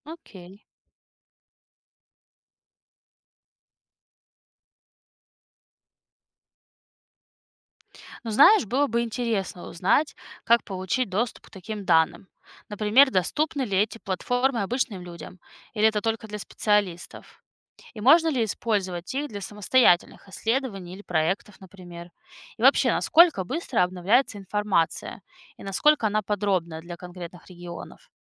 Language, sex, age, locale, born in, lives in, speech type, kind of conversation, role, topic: Russian, female, 30-34, ru-RU, Russia, United States, read, expert-assistant, asker, Почему погода иногда кажется нам такой непредсказуемой, и что это говорит о нашем понимании мира?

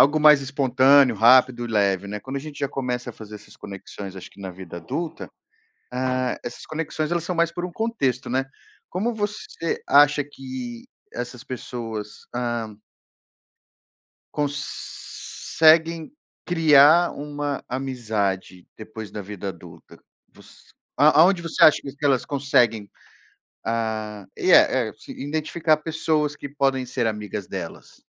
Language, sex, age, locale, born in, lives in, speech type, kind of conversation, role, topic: Portuguese, male, 35-39, pt-BR, Brazil, United States, conversation, podcast, host, Como você faz novas amizades em diferentes fases da vida?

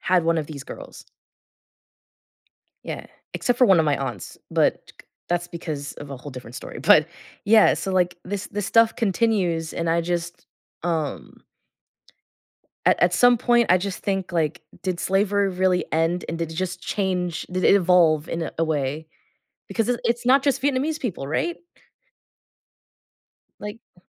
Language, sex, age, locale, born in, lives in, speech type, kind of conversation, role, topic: English, female, 20-24, en-US, United States, United States, conversation, unstructured, none, How do events from the past continue to shape our feelings and relationships today?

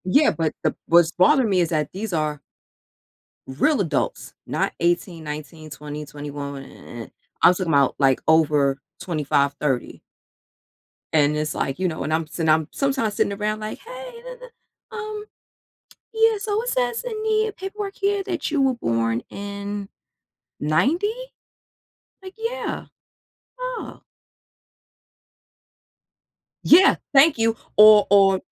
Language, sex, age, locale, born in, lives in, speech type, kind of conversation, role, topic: English, female, 40-44, en-US, United States, United States, conversation, podcast, guest, In what ways does personal style shape how we are perceived by others?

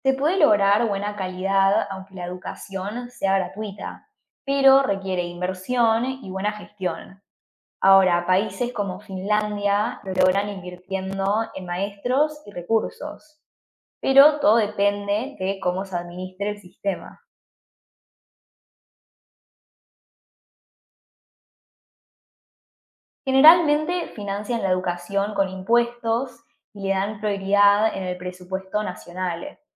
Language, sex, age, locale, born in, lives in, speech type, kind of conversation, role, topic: Spanish, female, 20-24, es-AR, Argentina, United States, read, expert-assistant, expert, ¿Por qué algunos países ofrecen educación superior gratuita y cómo influye la participación social?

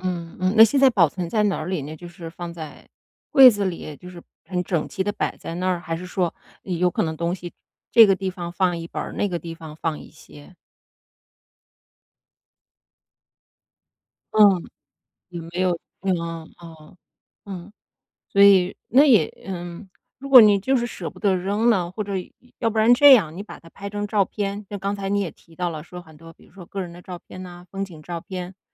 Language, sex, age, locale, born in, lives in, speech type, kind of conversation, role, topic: Chinese, female, 40-44, zh-CN, China, United States, conversation, advice, advisor, 我该如何处理自己对旧物的情感依附？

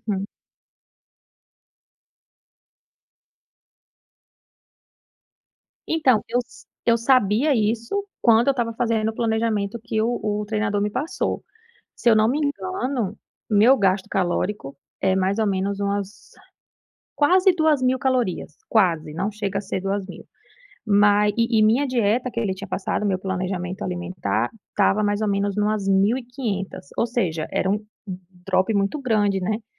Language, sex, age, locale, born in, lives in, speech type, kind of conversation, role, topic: Portuguese, female, 30-34, pt-BR, Brazil, United States, conversation, advice, user, Como posso me manter motivado e consistente nos meus hobbies?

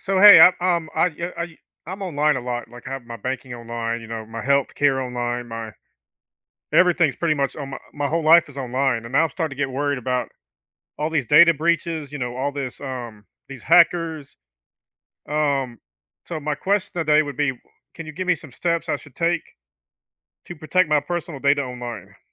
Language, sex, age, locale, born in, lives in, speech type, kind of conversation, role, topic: English, male, 50-54, en-US, United States, United States, conversation, advice, user, How do I keep my personal data safe online?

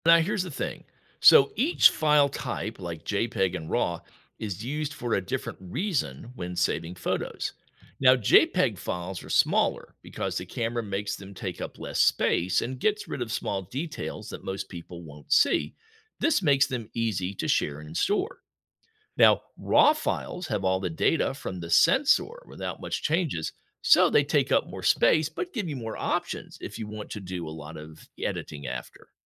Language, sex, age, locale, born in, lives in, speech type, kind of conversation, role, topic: English, male, 60-64, en-US, United States, United States, read, expert-assistant, expert, How does a camera capture a photograph?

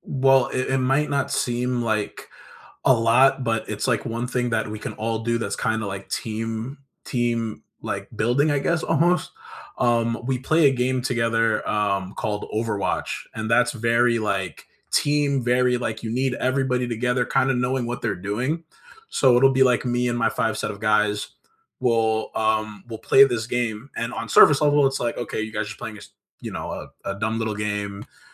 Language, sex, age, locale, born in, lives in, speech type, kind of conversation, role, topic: English, male, 25-29, en-US, United States, United States, conversation, unstructured, none, What would a richer circle of relationships look like in five years, and what would it give you?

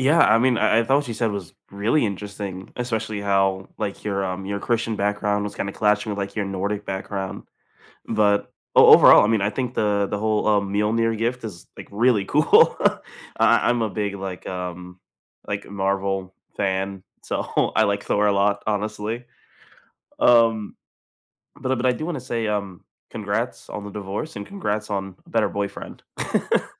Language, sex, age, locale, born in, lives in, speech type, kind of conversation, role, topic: English, other, 20-24, en-US, United States, United States, conversation, unstructured, none, What is a meaningful gift you have given or received recently?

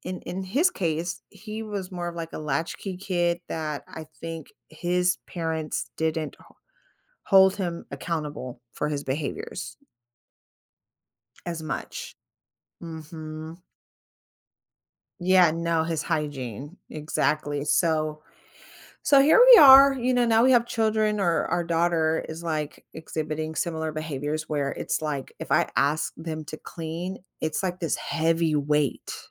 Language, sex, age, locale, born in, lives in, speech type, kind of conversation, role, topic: English, female, 35-39, en-US, United States, United States, conversation, advice, user, How can I address my roommate's hygiene?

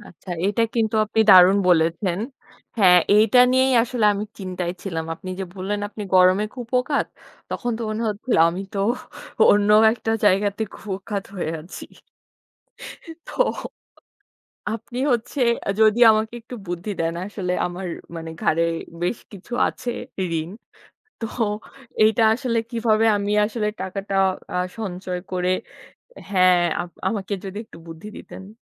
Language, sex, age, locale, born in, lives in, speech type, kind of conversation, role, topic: Bengali, female, 25-29, bn-BD, Bangladesh, Bangladesh, conversation, unstructured, none, আপনি কীভাবে আপনার ঋণ পরিশোধের কৌশল তৈরি করেন?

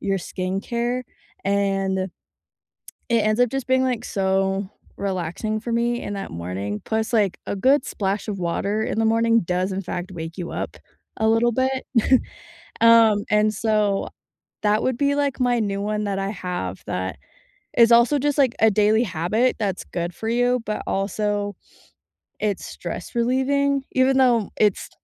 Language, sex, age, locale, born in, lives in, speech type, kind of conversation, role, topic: English, female, 25-29, en-US, United States, United States, conversation, unstructured, none, What are some ways you handle stress in your daily life?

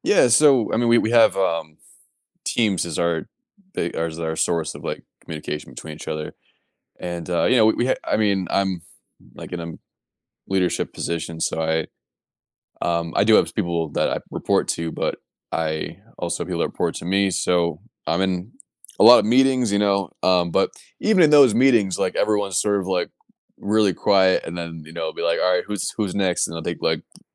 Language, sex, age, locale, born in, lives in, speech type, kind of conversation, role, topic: English, male, 30-34, en-US, United States, United States, conversation, advice, user, How can I improve communication with coworkers?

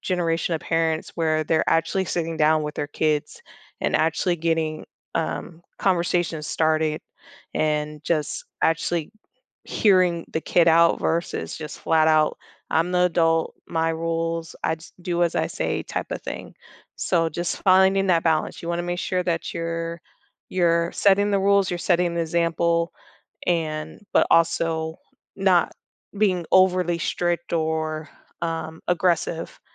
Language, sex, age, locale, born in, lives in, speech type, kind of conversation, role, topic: English, female, 30-34, en-US, United States, United States, conversation, podcast, guest, How can parents best support their child's learning and development at home?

